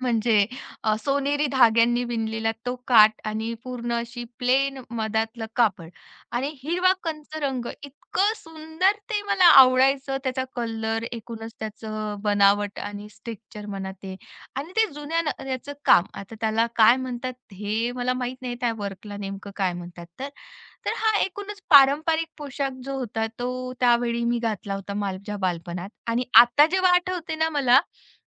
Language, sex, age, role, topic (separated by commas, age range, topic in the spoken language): Marathi, female, 35-39, guest, आपल्या सांस्कृतिक पोशाखाबद्दल तुमचं काय म्हणणं आहे?